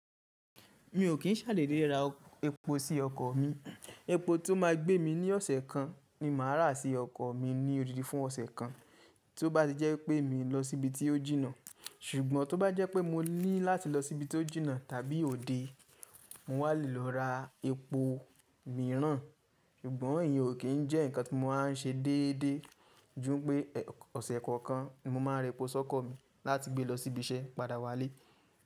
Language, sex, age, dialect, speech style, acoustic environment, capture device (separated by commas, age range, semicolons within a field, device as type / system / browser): Yoruba, male, 25-34, Nigeria - Ibadan, focused, nature; people talking, mobile / Linux / Chrome